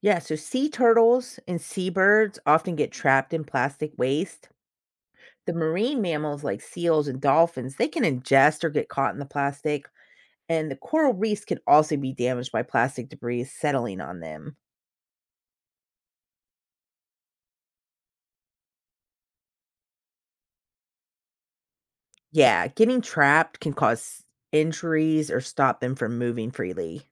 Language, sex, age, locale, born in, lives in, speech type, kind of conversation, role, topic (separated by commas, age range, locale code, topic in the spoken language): English, female, 40-44, en-US, United States, United States, read, expert-assistant, expert, How does plastic pollution affect marine life?